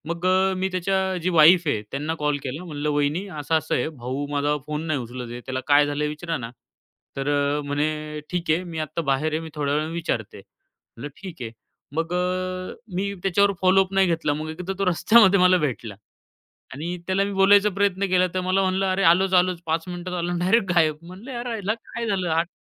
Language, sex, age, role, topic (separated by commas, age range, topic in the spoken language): Marathi, male, 25-29, guest, भांडणानंतर पुन्हा गप्पा सुरू करण्याची सुरुवात तुम्ही कशी कराल?